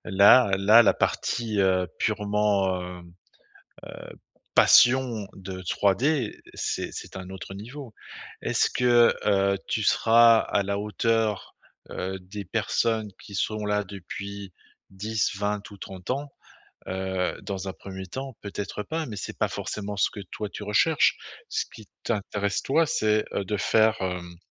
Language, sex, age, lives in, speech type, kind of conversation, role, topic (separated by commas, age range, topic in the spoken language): French, male, 50-54, France, conversation, advice, advisor, Comment puis-je arrêter d’avoir peur d’échouer à nouveau et retrouver confiance après un échec ?